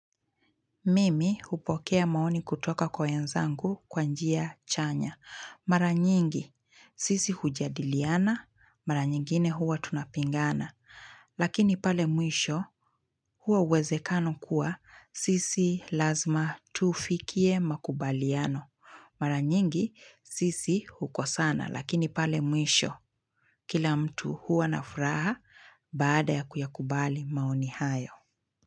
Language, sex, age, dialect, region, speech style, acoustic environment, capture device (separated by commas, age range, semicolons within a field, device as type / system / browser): Swahili, female, 25-34, Kenya - Mombasa, Kenya, relaxed, silence, mobile / Linux / Chrome